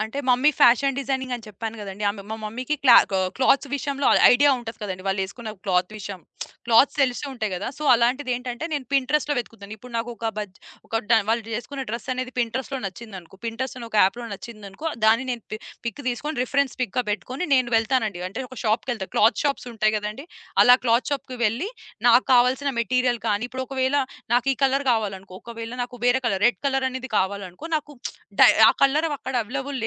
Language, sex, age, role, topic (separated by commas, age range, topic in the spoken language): Telugu, female, 25-29, guest, సామాజిక మాధ్యమాలు మీ వ్యక్తిగత అలంకరణ శైలిపై ఎంతవరకు ప్రభావం చూపిస్తాయి?